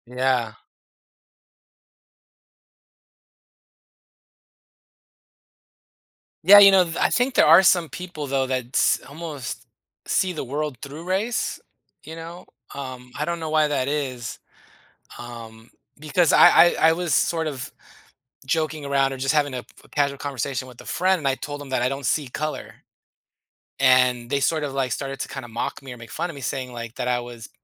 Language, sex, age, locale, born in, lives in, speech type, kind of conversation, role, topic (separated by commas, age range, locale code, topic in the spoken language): English, male, 40-44, en-US, United States, United States, conversation, podcast, host, How do your personal values guide your decisions during difficult situations?